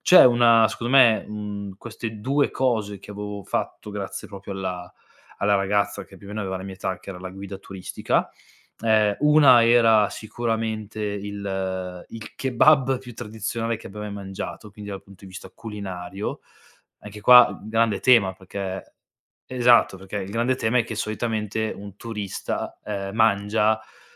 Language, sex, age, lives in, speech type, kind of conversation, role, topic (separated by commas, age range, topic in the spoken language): Italian, male, 25-29, Italy, conversation, podcast, guest, Com’è scoprire luoghi nascosti lontano dalle guide turistiche?